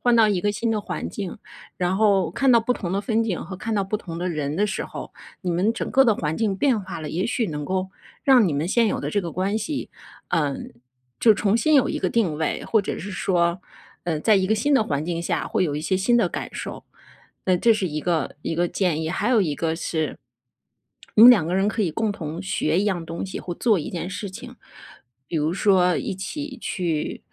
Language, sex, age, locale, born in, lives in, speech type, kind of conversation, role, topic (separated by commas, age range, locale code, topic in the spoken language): Chinese, female, 40-44, zh-CN, China, United States, conversation, advice, advisor, 伴侣对你性格或习惯的负面评价是如何伤害到你的？